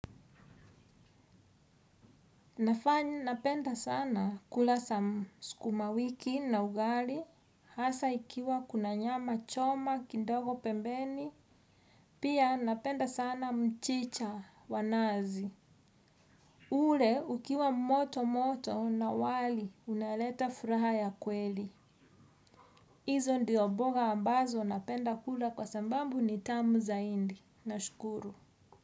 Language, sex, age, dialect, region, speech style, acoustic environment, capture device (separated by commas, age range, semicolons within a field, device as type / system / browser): Swahili, female, 35-44, Kenya - Nairobi Sheng-influenced, Kenya, anxious, music, desktop / Windows / Edge